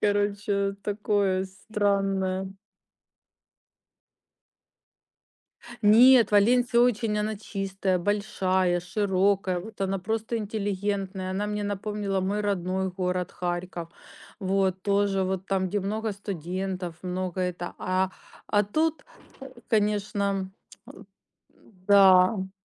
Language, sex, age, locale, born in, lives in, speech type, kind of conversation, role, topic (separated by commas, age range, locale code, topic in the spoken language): Russian, female, 45-49, ru-RU, Ukraine, Spain, conversation, unstructured, none, Что тебе больше всего нравится в твоём городе?